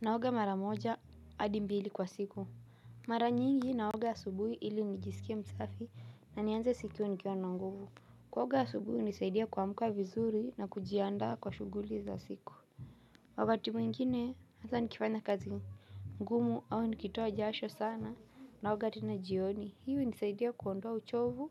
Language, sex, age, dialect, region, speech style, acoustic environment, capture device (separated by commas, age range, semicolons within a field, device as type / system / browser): Swahili, female, 18-24, Kenya - Mombasa, Kenya, relaxed, nature; music, mobile / Linux / Chrome